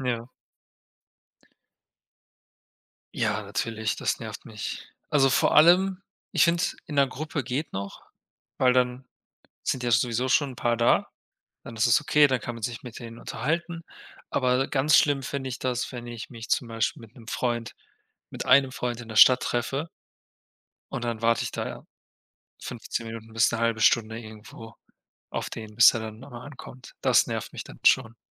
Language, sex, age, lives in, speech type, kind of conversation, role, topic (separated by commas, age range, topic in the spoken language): German, male, 25-29, Germany, conversation, unstructured, none, Was hältst du von Menschen, die ständig zu spät kommen?